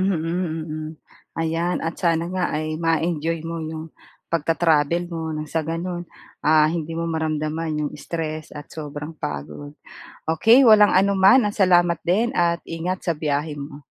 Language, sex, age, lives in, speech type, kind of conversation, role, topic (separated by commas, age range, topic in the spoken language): Filipino, female, 45-49, Philippines, conversation, advice, advisor, Paano ko mababawasan ang stress at pagod habang naglalakbay?